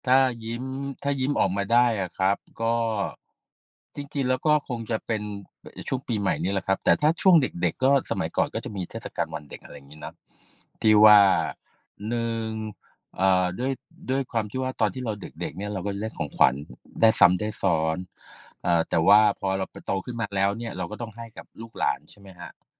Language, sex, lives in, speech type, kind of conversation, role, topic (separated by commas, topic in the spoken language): Thai, male, Thailand, conversation, unstructured, none, เทศกาลไหนในแต่ละปีที่คุณชอบมากที่สุด และเพราะอะไร?